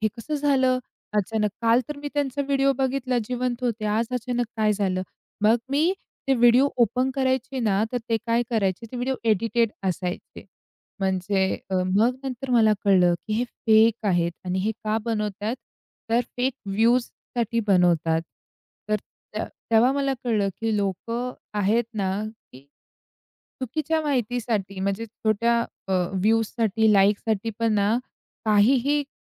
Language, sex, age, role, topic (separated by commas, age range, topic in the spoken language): Marathi, female, 45-49, guest, खोट्या बातम्यांपासून तुम्ही स्वतःला कसे वाचवता?